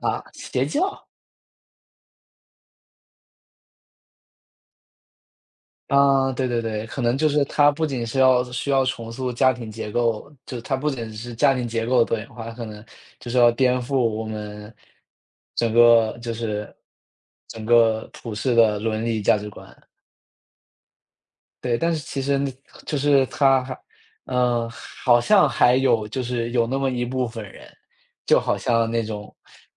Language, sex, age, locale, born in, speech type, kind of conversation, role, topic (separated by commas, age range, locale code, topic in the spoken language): Chinese, male, 25-29, zh-CN, China, conversation, unstructured, none, 家庭结构的多样化会如何影响我们对“家”的理解？